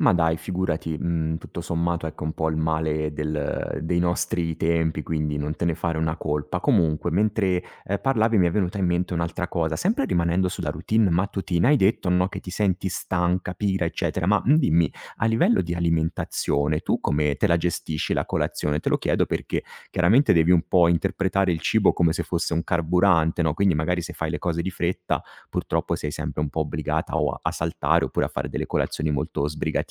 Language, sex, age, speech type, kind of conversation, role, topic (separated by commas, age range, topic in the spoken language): Italian, male, 35-39, conversation, advice, advisor, Come posso pianificare piccoli obiettivi quotidiani senza confondermi?